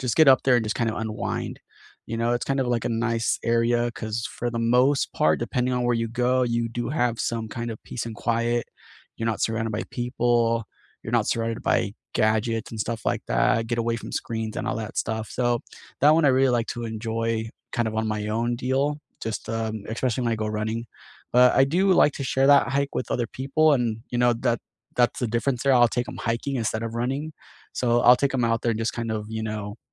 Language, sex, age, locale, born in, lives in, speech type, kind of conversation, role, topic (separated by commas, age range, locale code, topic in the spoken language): English, male, 30-34, en-US, United States, United States, conversation, unstructured, none, What are your favorite two-hour weekend getaways near where you live, and what memories do you associate with them?